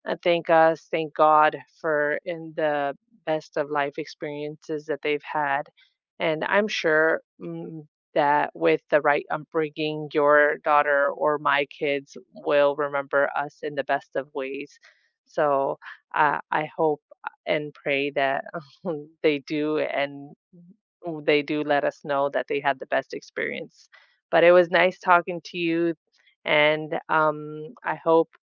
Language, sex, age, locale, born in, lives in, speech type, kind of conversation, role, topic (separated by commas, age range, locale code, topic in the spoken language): English, female, 30-34, en-US, United States, United States, conversation, unstructured, none, What place truly feels like home to you, and what makes it feel that way?